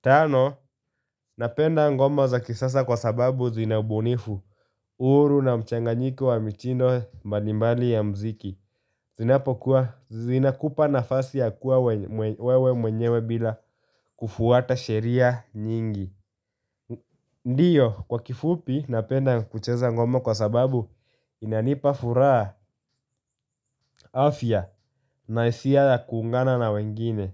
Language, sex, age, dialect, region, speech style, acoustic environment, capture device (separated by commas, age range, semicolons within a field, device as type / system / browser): Swahili, male, 18-24, Kenya - Nairobi Sheng-influenced, Kenya, focused; relaxed, nature; silence, mobile / Linux / Chrome